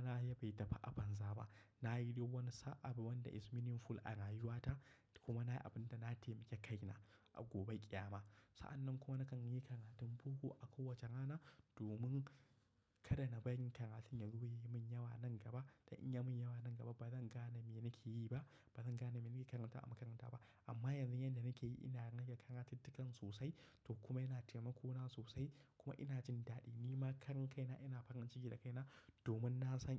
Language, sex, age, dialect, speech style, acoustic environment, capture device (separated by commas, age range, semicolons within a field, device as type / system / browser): Hausa, male, 25-34, Nigeria - Kano, happy, silence; nature; appliances, mobile / macOS / Safari